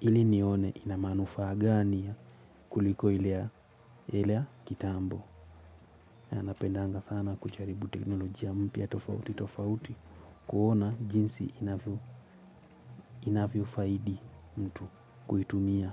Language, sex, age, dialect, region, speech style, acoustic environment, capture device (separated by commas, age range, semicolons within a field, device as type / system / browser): Swahili, male, 18-24, Kenya - Mombasa, Kenya, focused, silence, mobile / Linux / Chrome